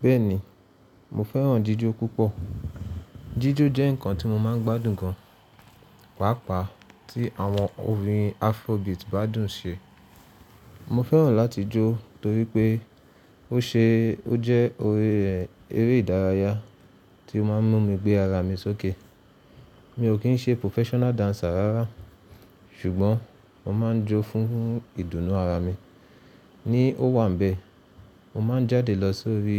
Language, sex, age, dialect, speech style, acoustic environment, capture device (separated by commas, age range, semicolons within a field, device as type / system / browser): Yoruba, male, 25-34, Nigeria - Nigerian Standard, relaxed, silence; nature, mobile / Linux / Chrome